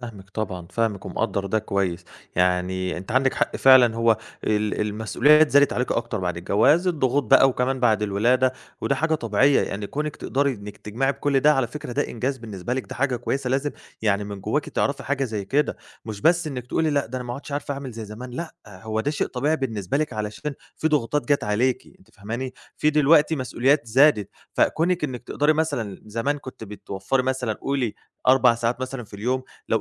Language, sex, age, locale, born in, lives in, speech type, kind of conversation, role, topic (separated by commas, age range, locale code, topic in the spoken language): Arabic, male, 25-29, ar-EG, Egypt, Greece, conversation, advice, advisor, إزاي أبطل أعتمد على المزاج بس في الكتابة أو الرسم وأنتظم؟